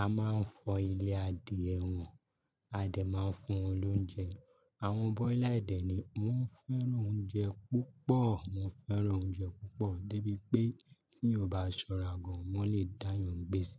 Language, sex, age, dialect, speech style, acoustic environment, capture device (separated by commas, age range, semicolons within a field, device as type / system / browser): Yoruba, male, 25-34, Nigeria - Lagos, happy, silence, mobile / Linux / Chrome